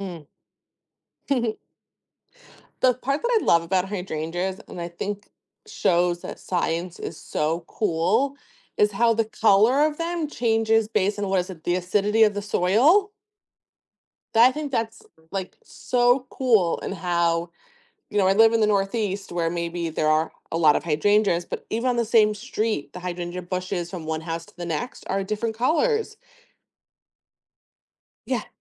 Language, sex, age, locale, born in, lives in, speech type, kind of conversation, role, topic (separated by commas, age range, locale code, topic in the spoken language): English, female, 35-39, en-US, United States, United States, conversation, unstructured, none, Why do you think having hobbies is important for your well-being?